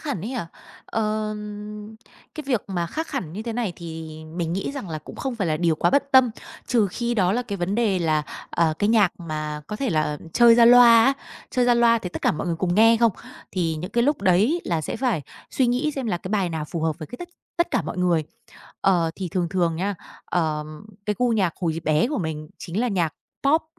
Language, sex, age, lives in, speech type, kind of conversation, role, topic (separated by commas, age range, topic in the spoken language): Vietnamese, female, 30-34, Vietnam, conversation, podcast, guest, Gia đình đã ảnh hưởng đến gu âm nhạc của bạn như thế nào?